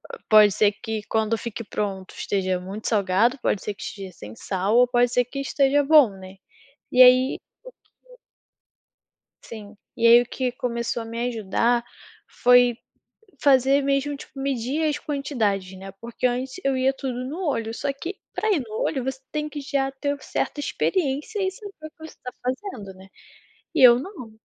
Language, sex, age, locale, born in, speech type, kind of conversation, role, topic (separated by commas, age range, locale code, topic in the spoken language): Portuguese, female, 20-24, pt-BR, Brazil, conversation, podcast, guest, Como vocês planejam e preparam as refeições da semana?